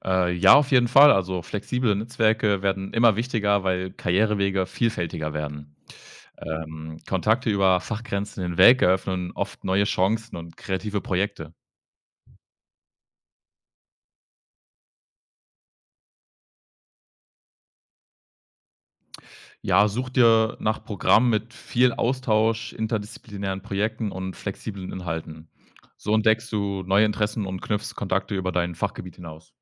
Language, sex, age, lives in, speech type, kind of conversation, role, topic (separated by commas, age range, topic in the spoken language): German, male, 20-24, Germany, read, expert-assistant, expert, Wie kann ich entscheiden, ob ein Bildungssystem mir wirklich das gibt, was ich für meinen Lebensweg brauche?